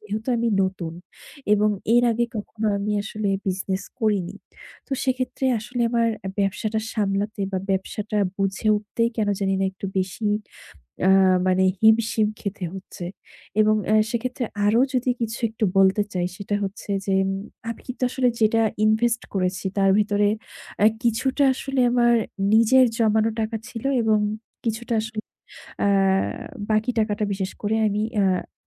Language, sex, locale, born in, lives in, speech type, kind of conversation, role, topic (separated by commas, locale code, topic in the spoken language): Bengali, female, bn-BD, Bangladesh, Bangladesh, conversation, advice, user, আমি কীভাবে রাতের শেষের দিকে মানসিকভাবে শান্ত হয়ে ঘুমোতে যেতে পারি?